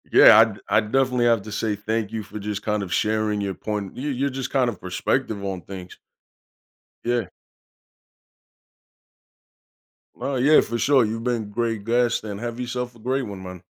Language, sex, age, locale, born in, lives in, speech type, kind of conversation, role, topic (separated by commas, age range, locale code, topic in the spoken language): English, male, 30-34, en-US, United States, United States, conversation, podcast, host, What factors help create a memorable and meaningful local event?